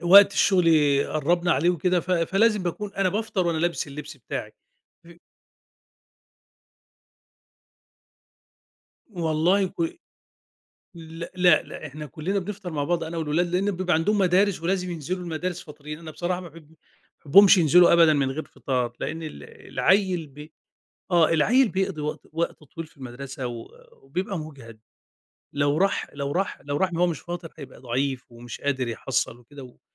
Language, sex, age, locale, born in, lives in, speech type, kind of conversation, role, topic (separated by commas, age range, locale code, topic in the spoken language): Arabic, male, 50-54, ar-EG, Egypt, Egypt, conversation, podcast, guest, إزاي بيبقى روتين الصبح عندكم في البيت؟